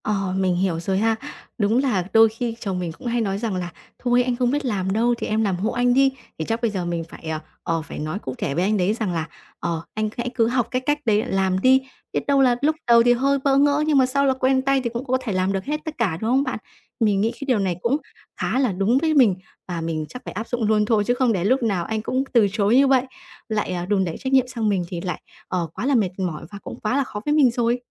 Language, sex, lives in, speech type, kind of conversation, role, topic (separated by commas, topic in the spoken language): Vietnamese, female, Vietnam, conversation, advice, user, Làm sao tôi có thể thích nghi với vai trò mới?